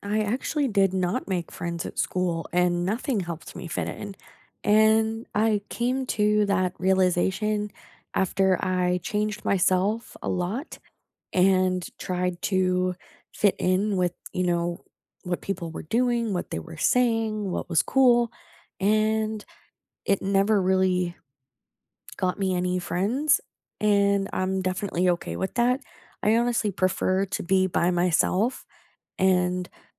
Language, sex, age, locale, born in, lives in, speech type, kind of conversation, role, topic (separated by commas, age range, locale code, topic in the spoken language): English, female, 25-29, en-US, Canada, United States, conversation, unstructured, none, How did you make friends at school, and what helped you fit in?